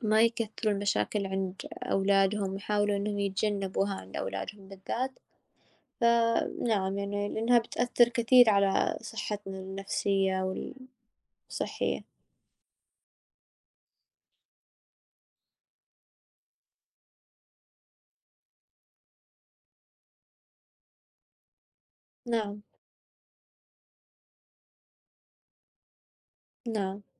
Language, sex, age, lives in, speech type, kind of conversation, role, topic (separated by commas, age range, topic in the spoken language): Arabic, female, 20-24, United States, conversation, unstructured, none, إزاي المشاكل العائلية بتأثر على الصحة النفسية للشخص؟